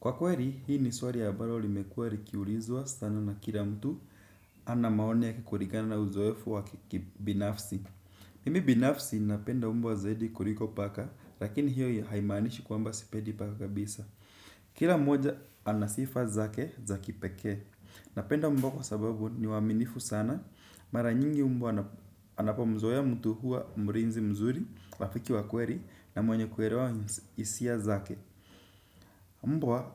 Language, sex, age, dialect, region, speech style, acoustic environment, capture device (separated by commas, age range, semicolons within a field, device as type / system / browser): Swahili, male, 18-24, Kenya - Nairobi Sheng-influenced, Kenya, relaxed, silence, desktop / Windows / Chrome